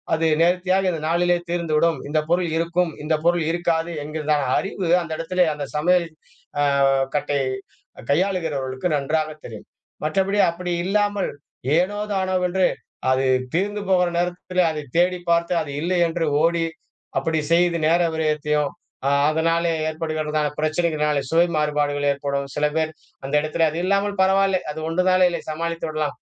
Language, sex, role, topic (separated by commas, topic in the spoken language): Tamil, male, guest, சமையல் தொடங்குவதற்கு முன் நீங்கள் வாய்சுத்தம் செய்வதுண்டா?